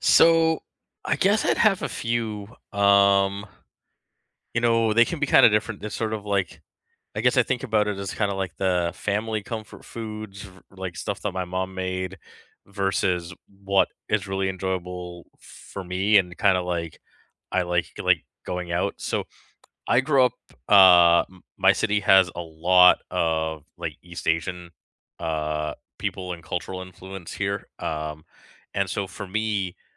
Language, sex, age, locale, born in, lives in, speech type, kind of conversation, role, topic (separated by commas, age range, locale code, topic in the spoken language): English, male, 40-44, en-CA, Canada, Canada, conversation, unstructured, none, Which comfort food do you turn to, and what memories, people, or traditions shape its story?